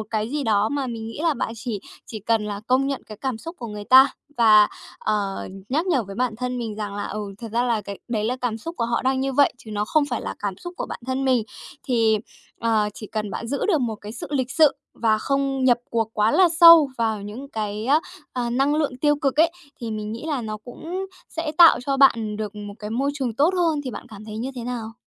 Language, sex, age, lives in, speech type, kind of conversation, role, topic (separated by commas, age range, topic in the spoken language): Vietnamese, female, 30-34, Japan, conversation, advice, advisor, Làm thế nào để tôi bớt bị ảnh hưởng bởi môi trường xung quanh?